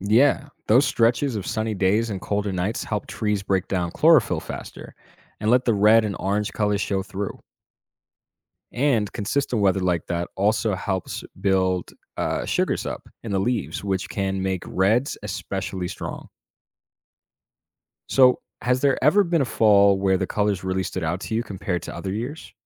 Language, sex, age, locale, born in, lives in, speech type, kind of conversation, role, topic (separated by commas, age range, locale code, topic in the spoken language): English, male, 25-29, en-US, United States, United States, read, expert-assistant, expert, What causes leaves to change color and fall, and how do different trees respond to autumn?